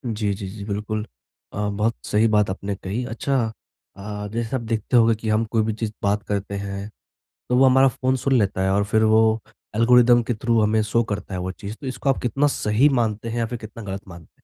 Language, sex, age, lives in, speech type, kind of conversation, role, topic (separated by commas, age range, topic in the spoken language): Hindi, male, 20-24, India, conversation, podcast, host, Mobile par zyada dekhne se tumhari dekhne ki aadaton mein sabse bada badlav kya aaya hai?